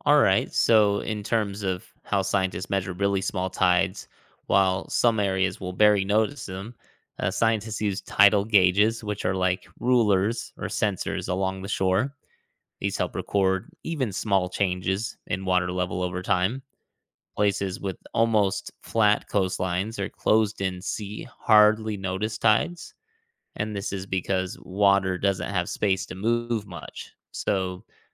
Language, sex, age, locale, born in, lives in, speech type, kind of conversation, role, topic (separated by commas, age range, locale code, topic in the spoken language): English, male, 35-39, en-US, United States, United States, read, expert-assistant, expert, What factors influence ocean tides around the world?